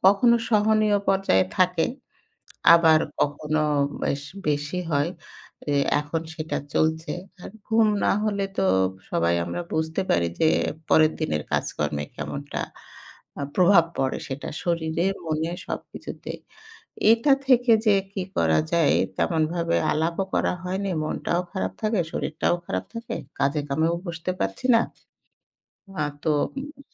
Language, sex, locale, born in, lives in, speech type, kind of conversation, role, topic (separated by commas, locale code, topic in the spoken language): Bengali, female, bn-BD, Bangladesh, Bangladesh, conversation, advice, user, দীর্ঘদিনের ব্যথা বা অস্থিরতার কারণে আপনার ঘুমাতে সমস্যা হয় কি?